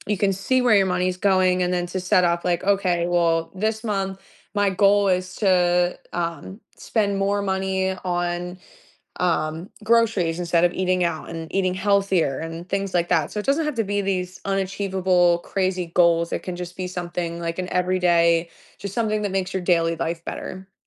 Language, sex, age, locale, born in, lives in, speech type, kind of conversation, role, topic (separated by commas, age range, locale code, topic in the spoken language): English, female, 25-29, en-US, United States, United States, conversation, podcast, guest, What simple changes can help people build better money habits over time?